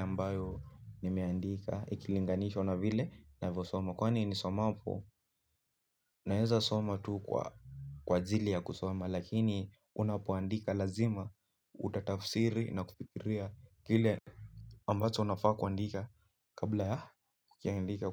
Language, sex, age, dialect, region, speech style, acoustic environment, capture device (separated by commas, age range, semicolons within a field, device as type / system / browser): Swahili, male, 18-24, Kenya - Nairobi Sheng-influenced, Kenya, relaxed, nature, mobile / Linux / Chrome